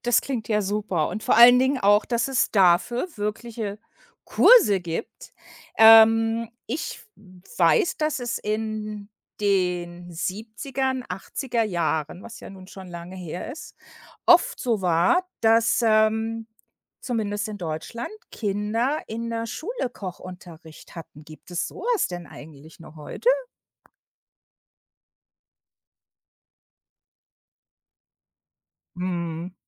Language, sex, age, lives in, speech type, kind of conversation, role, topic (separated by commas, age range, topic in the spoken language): German, female, 55-59, United States, conversation, podcast, host, Wie bringt man Kindern den Spaß am Kochen bei?